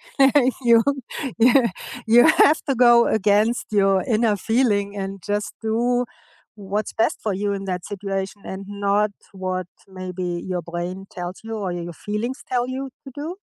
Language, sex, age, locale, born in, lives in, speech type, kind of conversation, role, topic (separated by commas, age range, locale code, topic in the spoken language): English, female, 55-59, en-DE, Germany, United States, conversation, unstructured, none, Why do some people find it hard to ask for help?